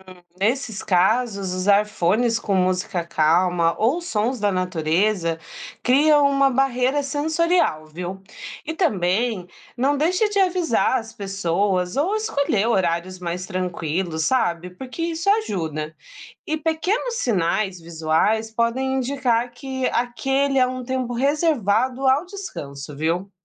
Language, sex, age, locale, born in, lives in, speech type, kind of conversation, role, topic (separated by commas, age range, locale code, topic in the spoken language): Portuguese, female, 30-34, pt-BR, Brazil, Portugal, read, expert-assistant, expert, Como podemos criar hábitos de prevenção sem cair na rotina e desistir rápido?